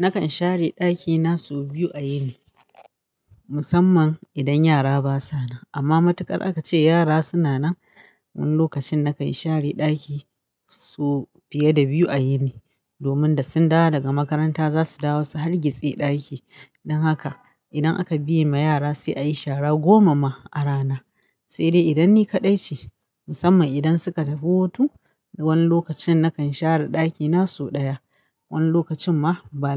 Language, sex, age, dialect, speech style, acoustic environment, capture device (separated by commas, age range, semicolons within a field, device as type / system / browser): Hausa, female, 35-44, Nigeria - Kano, happy, nature; silence, mobile / Linux / Chrome